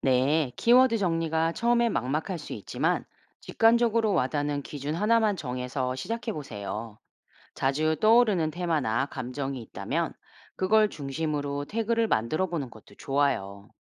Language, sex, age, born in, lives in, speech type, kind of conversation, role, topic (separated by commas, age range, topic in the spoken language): Korean, female, 45-49, South Korea, United States, read, expert-assistant, expert, 디지털 아트 작업을 할 때 창의적인 흐름을 유지하면서도 체계적으로 완성하기 위한 좋은 방법이 있을까요?